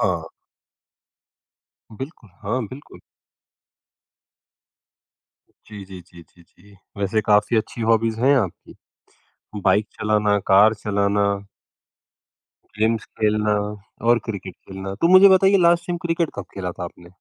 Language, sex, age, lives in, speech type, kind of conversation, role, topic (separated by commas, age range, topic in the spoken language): Hindi, female, 55-59, India, conversation, podcast, host, Failure ke baad tum khud ko kaise sambhalte ho aur dobara kaise khade hote ho?